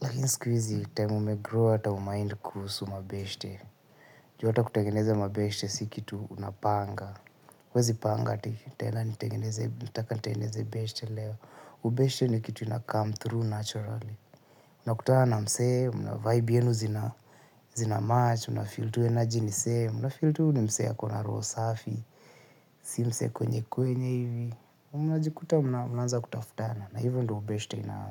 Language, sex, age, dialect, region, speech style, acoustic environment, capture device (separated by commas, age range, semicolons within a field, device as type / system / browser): Swahili, male, 25-34, Kenya - Nairobi Sheng-influenced, Kenya, tired, silence, mobile / Linux / Chrome